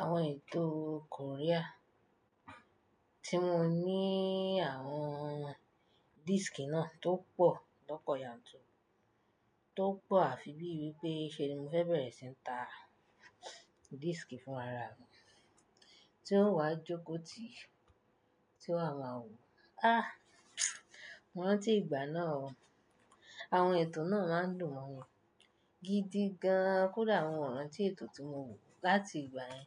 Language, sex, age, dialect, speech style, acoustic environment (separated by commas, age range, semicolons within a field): Yoruba, female, 25-34, Nigeria - Nigerian Standard, happy; relaxed, silence